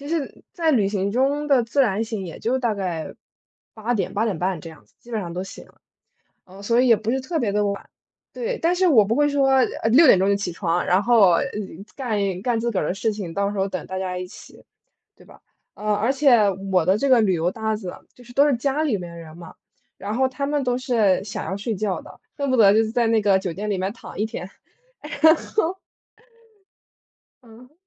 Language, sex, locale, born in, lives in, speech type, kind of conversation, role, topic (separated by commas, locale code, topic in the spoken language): Chinese, female, zh-CN, United States, United States, conversation, unstructured, none, 你如何看待旅行和宅在家的差别？